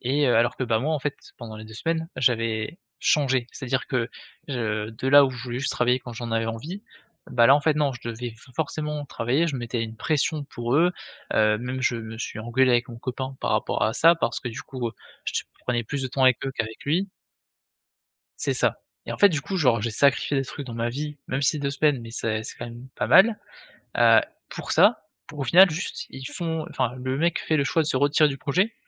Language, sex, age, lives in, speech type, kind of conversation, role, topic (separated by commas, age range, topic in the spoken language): French, male, 20-24, France, conversation, podcast, guest, Comment se sentir accepté sans changer qui tu es ?